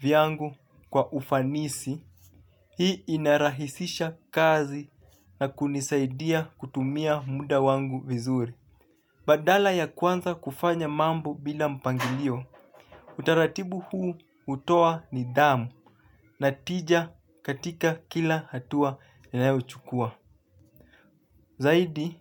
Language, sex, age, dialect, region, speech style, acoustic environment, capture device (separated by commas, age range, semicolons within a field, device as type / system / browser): Swahili, male, 25-34, Kenya - Mombasa, Kenya, happy; relaxed; focused, nature, mobile / Linux / Chrome